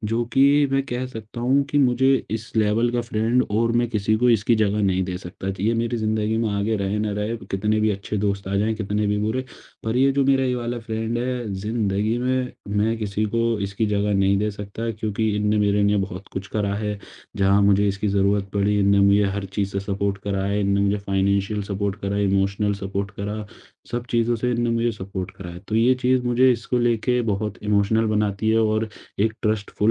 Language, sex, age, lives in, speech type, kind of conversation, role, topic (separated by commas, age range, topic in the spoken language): Hindi, male, 18-19, India, conversation, podcast, guest, Tumhari roz ki chhoti si khushi kya hoti hai?